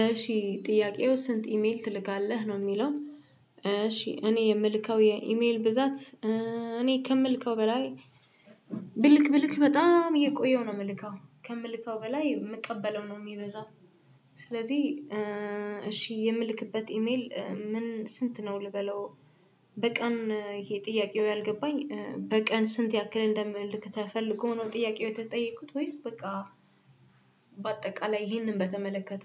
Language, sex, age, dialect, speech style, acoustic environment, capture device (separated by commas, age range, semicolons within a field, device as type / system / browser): Amharic, female, 25-34, Ethiopia - Addis Ababa, focused, people talking, desktop / Windows / Chrome